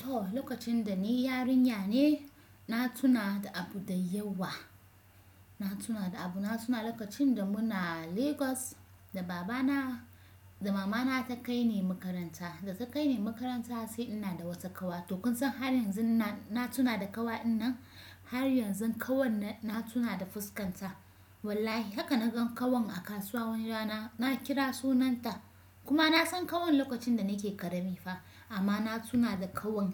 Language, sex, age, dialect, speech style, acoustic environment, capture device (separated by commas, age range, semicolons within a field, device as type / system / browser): Hausa, female, 25-34, Nigeria - Kano, relaxed, silence, desktop / Windows / Chrome